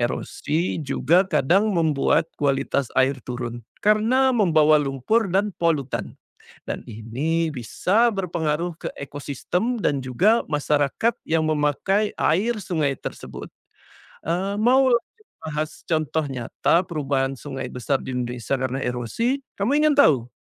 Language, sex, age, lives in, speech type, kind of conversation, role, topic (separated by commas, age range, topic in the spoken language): Indonesian, male, 40-44, Indonesia, read, expert-assistant, expert, Apa yang menyebabkan air terjun terbentuk di sungai?